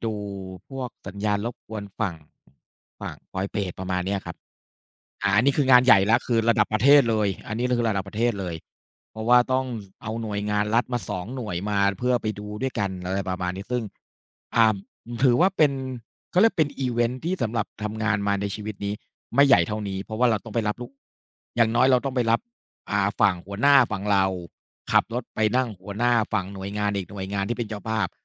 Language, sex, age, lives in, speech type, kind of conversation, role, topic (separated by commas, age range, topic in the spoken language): Thai, male, 40-44, Thailand, conversation, unstructured, none, คุณชอบอะไรมากที่สุดเกี่ยวกับงานที่ทำอยู่ตอนนี้?